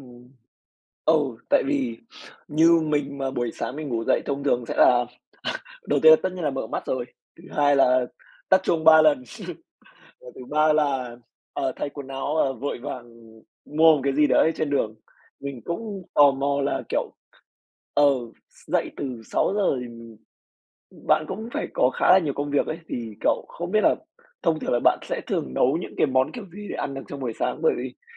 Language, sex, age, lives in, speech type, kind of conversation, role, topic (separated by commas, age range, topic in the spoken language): Vietnamese, male, 20-24, Vietnam, conversation, podcast, host, Thói quen buổi sáng nào giúp bạn khỏe mạnh nhất?